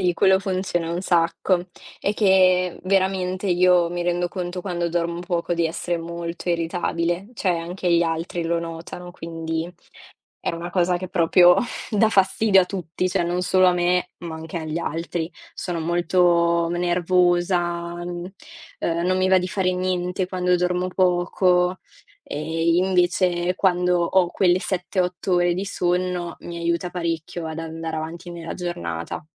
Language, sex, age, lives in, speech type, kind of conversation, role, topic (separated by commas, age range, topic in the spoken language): Italian, female, 20-24, Italy, conversation, unstructured, none, Che ruolo ha il sonno nella tua salute mentale?